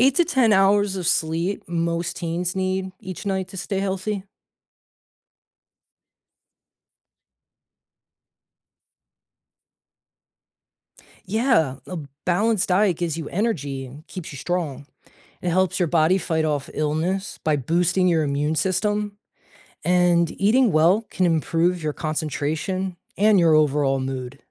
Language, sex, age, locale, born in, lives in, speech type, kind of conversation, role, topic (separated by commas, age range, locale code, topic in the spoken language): English, male, 40-44, en-US, United States, United States, read, expert-assistant, expert, What steps can I take to practice a healthy lifestyle?